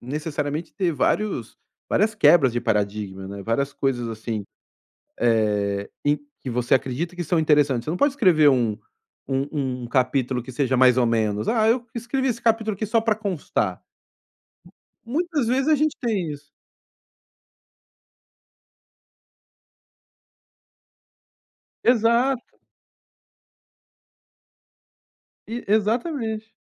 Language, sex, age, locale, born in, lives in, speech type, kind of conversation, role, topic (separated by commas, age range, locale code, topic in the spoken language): Portuguese, male, 40-44, pt-BR, Brazil, Spain, conversation, advice, advisor, Como lidar com o perfeccionismo que causa ansiedade e impede você de começar tarefas?